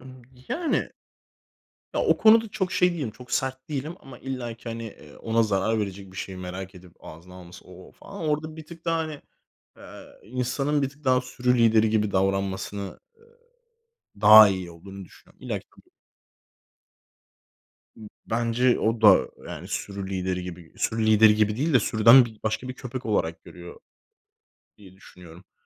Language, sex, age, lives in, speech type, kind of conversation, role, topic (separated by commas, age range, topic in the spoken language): Turkish, male, 25-29, Spain, conversation, unstructured, none, Evcil hayvanlara aşırı derecede insan gibi davranmak doğru mu?